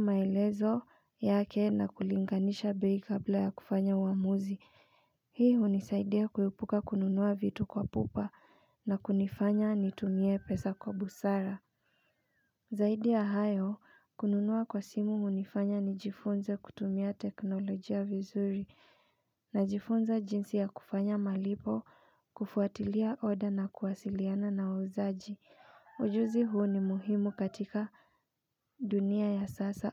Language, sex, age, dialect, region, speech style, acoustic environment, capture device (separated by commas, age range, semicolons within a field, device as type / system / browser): Swahili, female, 25-34, Kenya - Nairobi Sheng-influenced, Kenya, stressed, silence, mobile / Linux / Chrome